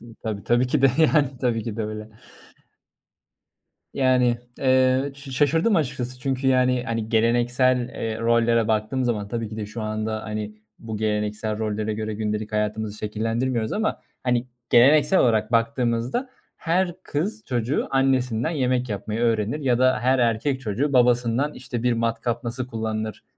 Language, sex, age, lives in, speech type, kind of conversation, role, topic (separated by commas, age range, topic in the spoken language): Turkish, male, 25-29, Germany, conversation, podcast, host, Yemek yapmayı öğrenmek isteyen birine nereden başlamasını önerirsin?